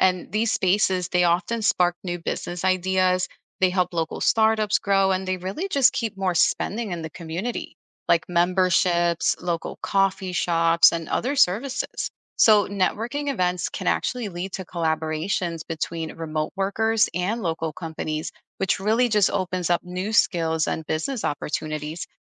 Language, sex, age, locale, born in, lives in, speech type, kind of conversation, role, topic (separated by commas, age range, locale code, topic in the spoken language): English, female, 35-39, en-US, United States, United States, read, expert-assistant, expert, How can small countries protect their economies from global financial crises?